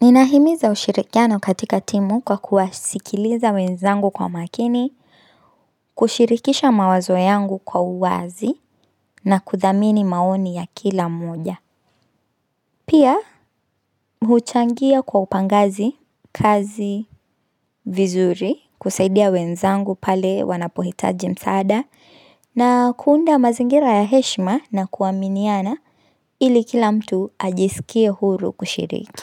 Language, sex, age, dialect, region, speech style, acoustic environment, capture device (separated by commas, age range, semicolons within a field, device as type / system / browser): Swahili, female, 25-34, Kenya - Nairobi Sheng-influenced, Kenya, happy; relaxed, nature; silence, mobile / Linux / Chrome